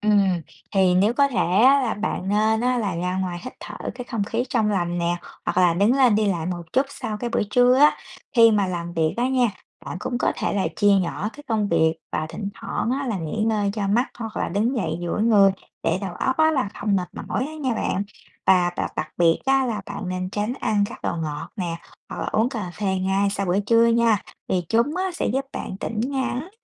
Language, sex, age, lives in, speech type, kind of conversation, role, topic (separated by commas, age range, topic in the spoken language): Vietnamese, female, 30-34, United States, read, expert-assistant, expert, Vì sao khi ăn no, chúng ta cảm thấy buồn ngủ?